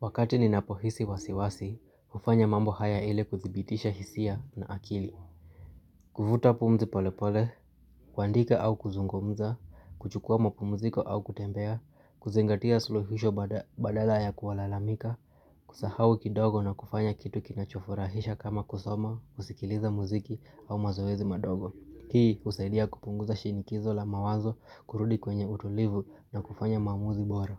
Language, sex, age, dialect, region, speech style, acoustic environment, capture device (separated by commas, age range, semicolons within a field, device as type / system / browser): Swahili, male, 25-34, Kenya - Nairobi Sheng-influenced, Kenya, focused, people talking, mobile / Linux / Chrome